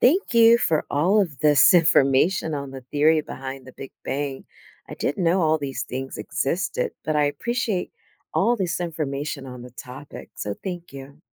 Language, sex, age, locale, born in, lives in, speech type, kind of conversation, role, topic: English, female, 50-54, en-US, United States, United States, read, expert-assistant, asker, What is the theory behind the Big Bang?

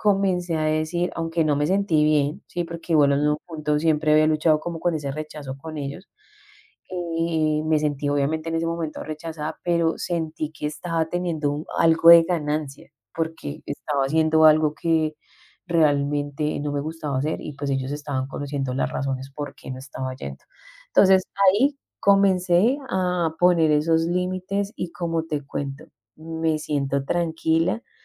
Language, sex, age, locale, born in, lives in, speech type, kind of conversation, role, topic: Spanish, female, 40-44, es-CO, Colombia, Spain, conversation, advice, user, ¿Cómo puedes decir “no” a un favor sin sentir culpa?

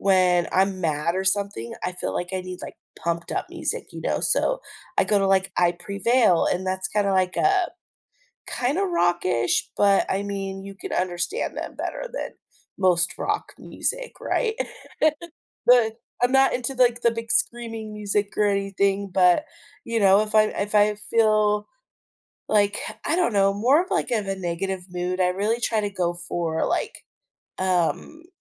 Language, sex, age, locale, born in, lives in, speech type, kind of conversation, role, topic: English, female, 30-34, en-US, United States, United States, conversation, podcast, guest, In what ways can music shape our emotions and daily experiences?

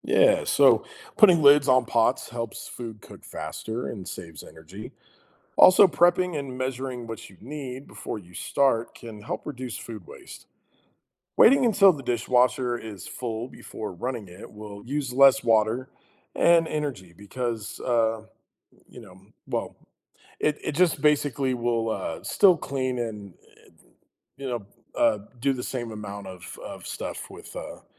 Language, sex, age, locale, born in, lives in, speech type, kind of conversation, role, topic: English, male, 35-39, en-US, United States, United States, read, expert-assistant, expert, Why is it important to take care of the environment?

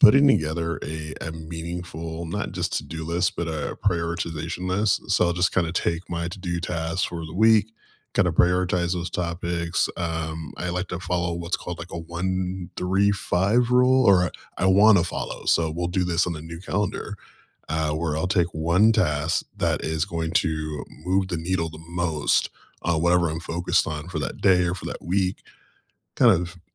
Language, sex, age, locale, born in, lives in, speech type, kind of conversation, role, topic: English, male, 35-39, en-US, United States, United States, conversation, unstructured, none, If you cleared your calendar, what would you add to make next year feel meaningful?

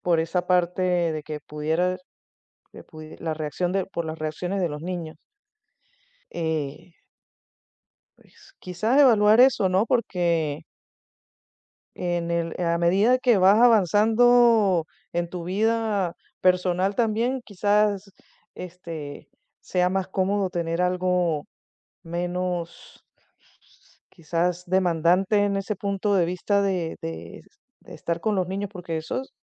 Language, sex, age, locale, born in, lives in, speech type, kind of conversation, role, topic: Spanish, female, 50-54, es-VE, Venezuela, United States, conversation, advice, advisor, ¿Cómo puedo decidir si acepto una nueva oferta de trabajo o me quedo en mi puesto actual?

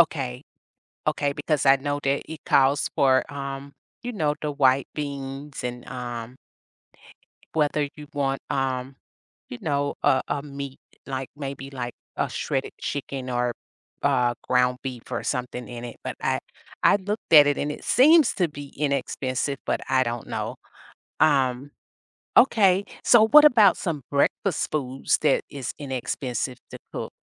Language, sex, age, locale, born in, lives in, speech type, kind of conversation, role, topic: English, female, 50-54, en-US, United States, United States, conversation, advice, user, How can I improve my cooking skills using affordable ingredients and basic techniques?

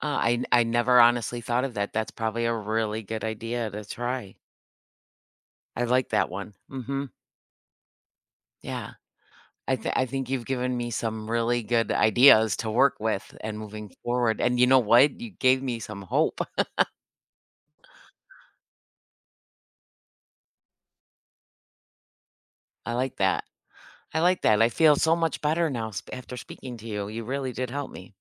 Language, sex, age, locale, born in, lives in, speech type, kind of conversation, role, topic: English, female, 55-59, en-US, United States, United States, conversation, advice, user, How can I recharge in small ways during a packed day?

